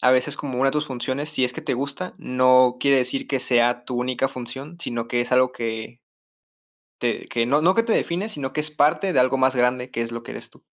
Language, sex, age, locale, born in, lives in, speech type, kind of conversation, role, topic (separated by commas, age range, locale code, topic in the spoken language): Spanish, male, 20-24, es-MX, Mexico, Mexico, conversation, advice, advisor, ¿Cómo puedo mantener mi identidad personal mientras asumo nuevas responsabilidades familiares?